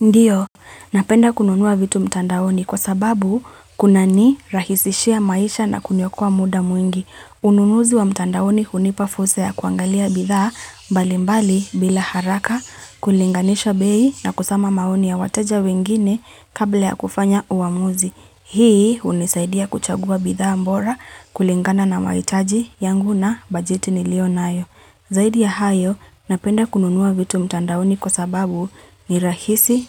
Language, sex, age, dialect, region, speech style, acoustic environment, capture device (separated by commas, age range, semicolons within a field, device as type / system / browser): Swahili, female, 25-34, Kenya - Nairobi Sheng-influenced, Kenya, happy, silence, mobile / Linux / Chrome